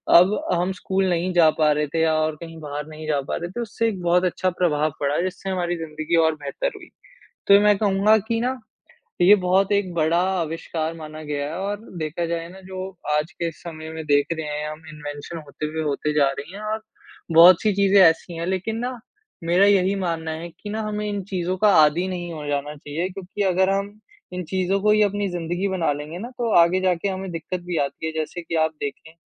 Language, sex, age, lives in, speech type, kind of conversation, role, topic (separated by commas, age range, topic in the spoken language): Hindi, male, 20-24, India, conversation, unstructured, none, किस नई खोज ने आपको सबसे ज़्यादा हैरान किया है?